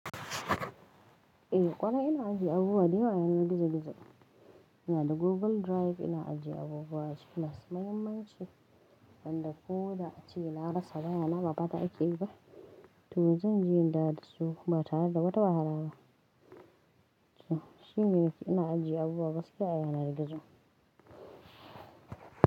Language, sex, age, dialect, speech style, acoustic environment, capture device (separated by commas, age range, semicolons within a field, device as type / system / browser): Hausa, female, 25-34, Nigeria - Kano, relaxed; tired, nature, mobile / Linux / Chrome